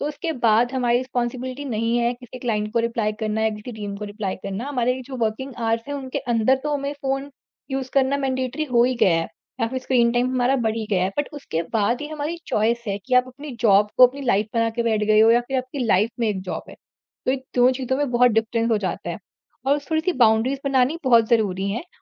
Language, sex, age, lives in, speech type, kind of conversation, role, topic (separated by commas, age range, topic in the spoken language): Hindi, female, 40-44, India, conversation, podcast, guest, Tumhare hisaab se smartphone kaam aur life balance ko kaise badal raha hai?